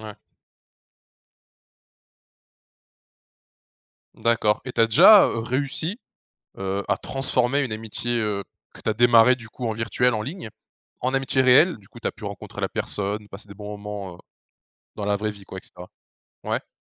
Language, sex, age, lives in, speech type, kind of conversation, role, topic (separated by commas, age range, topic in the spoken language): French, male, 20-24, France, conversation, podcast, host, Comment compares-tu les amitiés en ligne et les amitiés dans la vie réelle ?